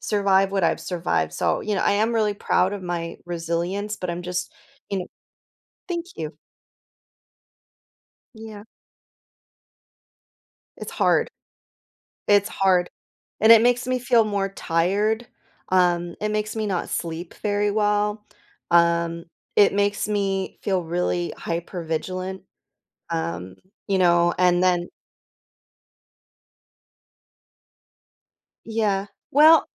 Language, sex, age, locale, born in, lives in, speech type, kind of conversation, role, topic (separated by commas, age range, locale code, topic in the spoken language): English, female, 35-39, en-US, United States, United States, conversation, advice, user, How can I balance work and my personal life without feeling overwhelmed?